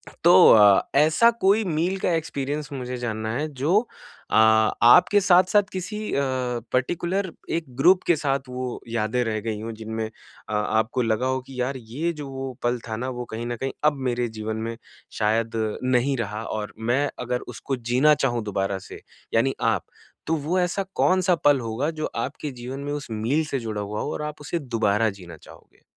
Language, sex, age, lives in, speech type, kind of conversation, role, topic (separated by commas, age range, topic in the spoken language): Hindi, male, 25-29, India, conversation, podcast, host, Kya aapke saath kabhi aisa hua hai ki mil-baantkar khane ka koi pal sab par gehra asar chhod gaya ho?